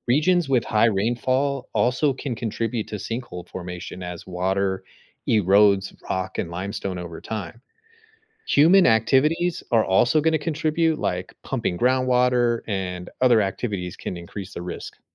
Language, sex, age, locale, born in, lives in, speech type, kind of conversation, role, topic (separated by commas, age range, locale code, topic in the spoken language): English, male, 35-39, en-US, United States, United States, read, expert-assistant, expert, What causes sinkholes to suddenly appear?